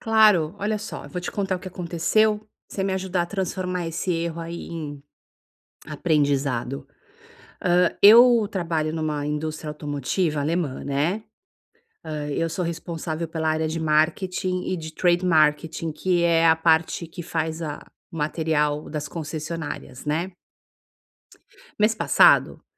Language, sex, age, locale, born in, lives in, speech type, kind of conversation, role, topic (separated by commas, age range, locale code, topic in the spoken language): Portuguese, female, 50-54, pt-BR, Brazil, United States, conversation, advice, user, Como posso transformar um deslize em aprendizado e em uma reação positiva?